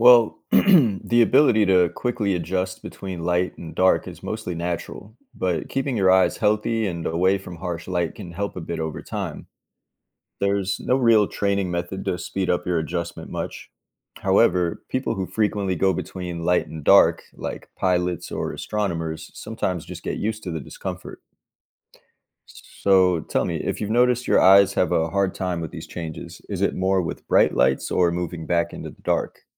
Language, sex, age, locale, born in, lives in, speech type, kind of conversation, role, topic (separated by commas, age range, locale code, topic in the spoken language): English, male, 30-34, en-US, United States, United States, read, expert-assistant, expert, How do our eyes adjust to darkness?